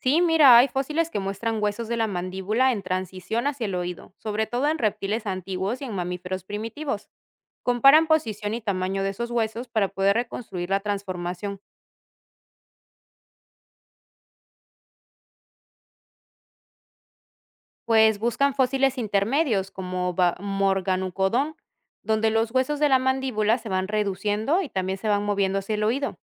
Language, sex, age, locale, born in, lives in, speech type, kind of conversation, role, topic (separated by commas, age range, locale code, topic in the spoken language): Spanish, female, 30-34, es-PE, Peru, Italy, read, expert-assistant, expert, ¿Es cierto que todos los seres vivos tienen un 'ancestro común'? ¿Qué significa realmente eso y en qué evidencia se basa?